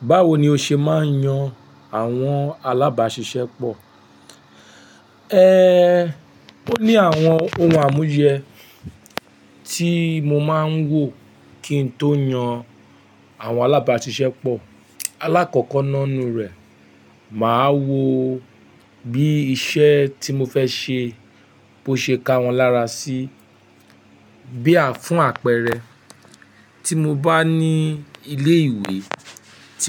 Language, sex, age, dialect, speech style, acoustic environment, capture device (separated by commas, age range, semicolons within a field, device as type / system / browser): Yoruba, male, 25-34, Nigeria - Nigerian Standard, happy; relaxed; focused; excited, nature, mobile / Linux / Chrome